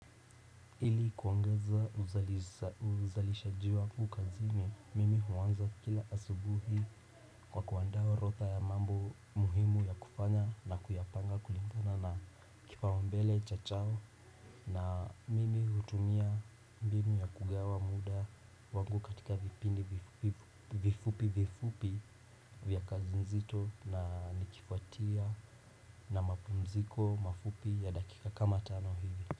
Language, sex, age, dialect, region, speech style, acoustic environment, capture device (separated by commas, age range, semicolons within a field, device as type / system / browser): Swahili, male, 18-24, Kenya - Nairobi Sheng-influenced, Kenya, relaxed, people talking; appliances; nature, desktop / Windows / Chrome